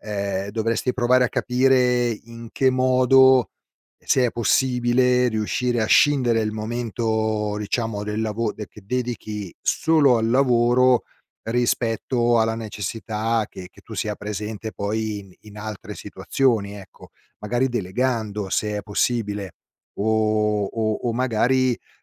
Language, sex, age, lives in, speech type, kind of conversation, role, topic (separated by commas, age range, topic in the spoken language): Italian, male, 50-54, Italy, conversation, advice, advisor, Come posso gestire le interruzioni continue che mi impediscono di concentrarmi?